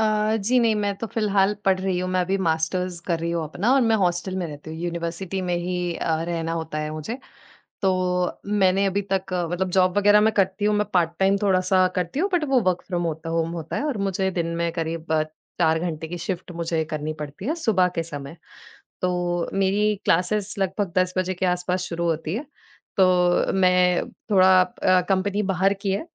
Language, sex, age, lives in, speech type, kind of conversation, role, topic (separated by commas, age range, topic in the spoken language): Hindi, female, 20-24, India, conversation, advice, user, एक ही दिनचर्या से होने वाली बोरियत और प्रेरणा की कमी